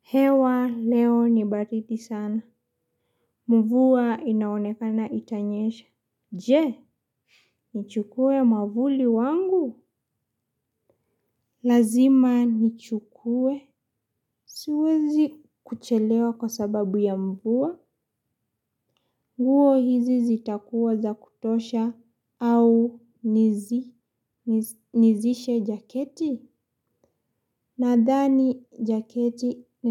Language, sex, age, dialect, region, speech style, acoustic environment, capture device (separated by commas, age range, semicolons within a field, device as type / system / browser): Swahili, female, 18-24, Kenya - Mombasa, Kenya, happy, silence, mobile / Linux / Chrome